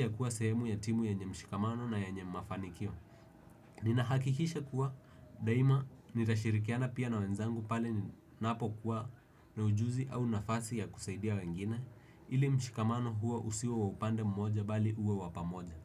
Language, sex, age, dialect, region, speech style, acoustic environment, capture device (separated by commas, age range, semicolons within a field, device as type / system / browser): Swahili, male, 18-24, Kenya - Nairobi Sheng-influenced, Kenya, relaxed; focused, silence, desktop / Windows / Edge